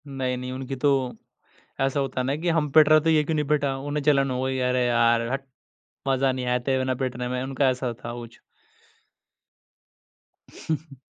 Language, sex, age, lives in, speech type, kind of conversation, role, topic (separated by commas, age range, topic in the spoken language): Hindi, male, 20-24, India, conversation, podcast, guest, Procrastination se kaise nipte jab long-term kaam boring lage?